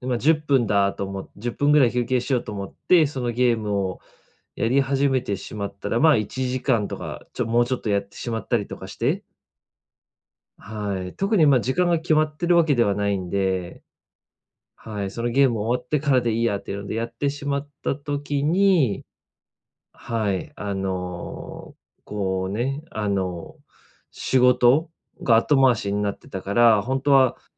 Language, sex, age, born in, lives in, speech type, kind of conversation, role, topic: Japanese, male, 30-34, Japan, Japan, conversation, advice, user, 家事や仕事の合間に、趣味の時間をどうやって作ればいいですか？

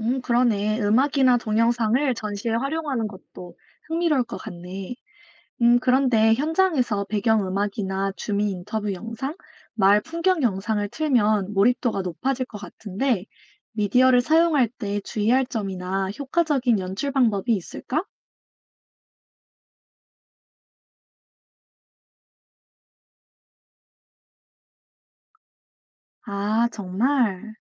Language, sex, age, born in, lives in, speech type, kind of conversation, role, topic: Korean, female, 25-29, South Korea, United States, read, expert-assistant, asker, 지질학을 활용해서 우리 동네에서 할 수 있는 흥미로운 프로젝트에는 무엇이 있을까요?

